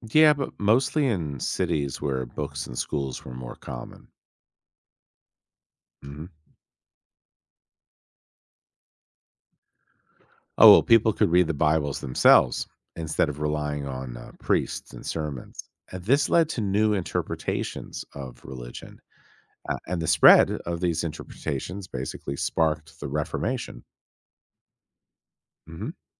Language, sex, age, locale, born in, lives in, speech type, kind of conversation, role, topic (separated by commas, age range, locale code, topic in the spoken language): English, male, 50-54, en-US, United States, United States, read, expert-assistant, expert, How did the invention of the printing press impact society?